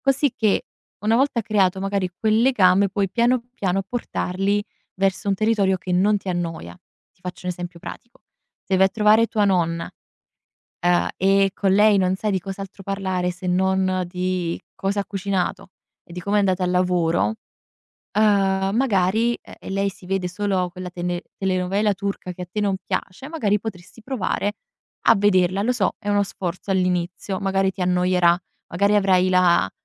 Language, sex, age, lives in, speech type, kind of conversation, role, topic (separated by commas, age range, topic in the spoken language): Italian, female, 20-24, Italy, conversation, advice, advisor, Come posso rimanere più presente quando passo tempo con la famiglia o con il partner?